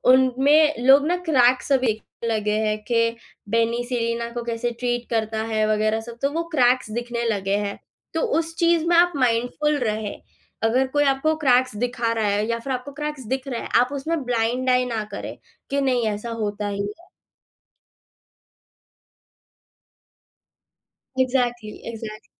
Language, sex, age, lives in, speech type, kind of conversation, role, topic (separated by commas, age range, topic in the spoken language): Hindi, female, 18-19, India, conversation, podcast, guest, Social media dekhte waqt mindful rehne ka tumhara tareeka kya hai?